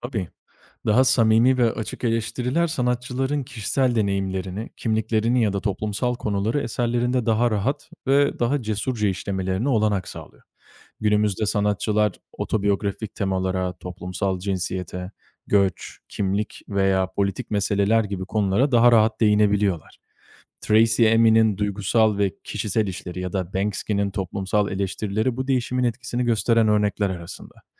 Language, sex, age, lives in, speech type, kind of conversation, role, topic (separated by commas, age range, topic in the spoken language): Turkish, male, 25-29, Italy, read, expert-assistant, expert, Sanat eleştirmenlerinin güvenilirliği nasıl anlaşılır?